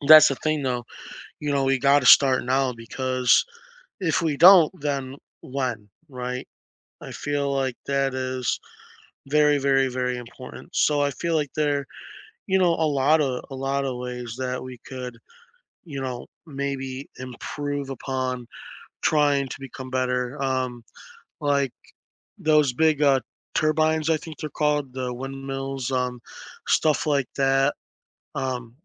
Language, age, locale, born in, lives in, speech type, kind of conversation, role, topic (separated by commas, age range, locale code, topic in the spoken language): English, 20-24, en-US, United States, United States, conversation, unstructured, none, How do you feel about people wasting natural resources?